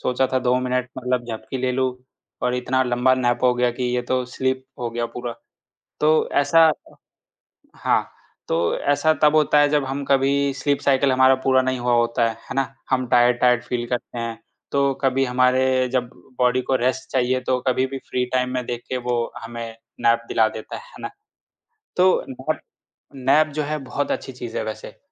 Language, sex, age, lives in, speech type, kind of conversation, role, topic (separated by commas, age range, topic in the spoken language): Hindi, male, 25-29, India, conversation, podcast, guest, Neend sudharne ke liye tum kaun-kaun se simple tareeke apnaate ho?